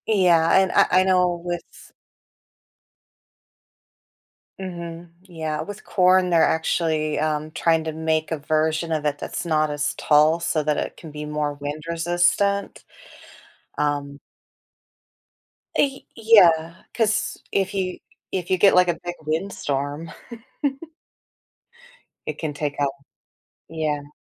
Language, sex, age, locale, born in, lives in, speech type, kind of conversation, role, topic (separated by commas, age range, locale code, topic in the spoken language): English, female, 40-44, en-US, United States, United States, conversation, podcast, host, In what ways is technology shaping our efforts to protect the environment?